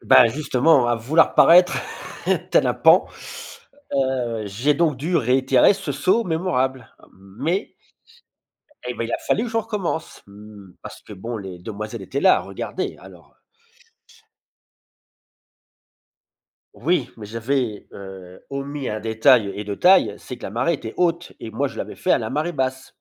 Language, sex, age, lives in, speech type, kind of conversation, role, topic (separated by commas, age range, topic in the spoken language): French, male, 50-54, France, conversation, podcast, guest, Quel souvenir d’enfance t’a vraiment marqué ?